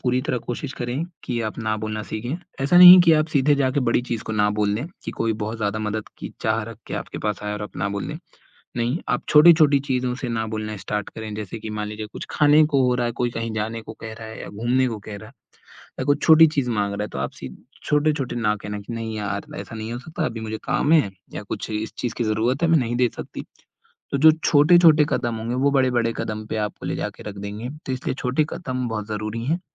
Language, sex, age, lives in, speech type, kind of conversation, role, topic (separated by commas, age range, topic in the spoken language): Hindi, male, 20-24, India, conversation, advice, advisor, आपको ‘ना’ कहना मुश्किल क्यों लगता है और किन हालातों में आपकी सीमाएँ टूट जाती हैं?